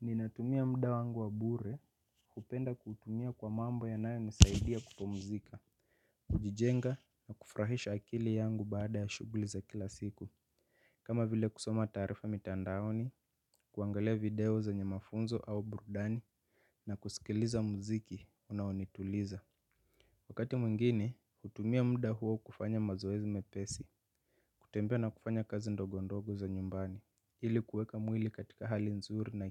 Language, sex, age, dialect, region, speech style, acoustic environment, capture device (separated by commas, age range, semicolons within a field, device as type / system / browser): Swahili, male, 25-34, Kenya - Mombasa, Kenya, relaxed, silence, mobile / Linux / Chrome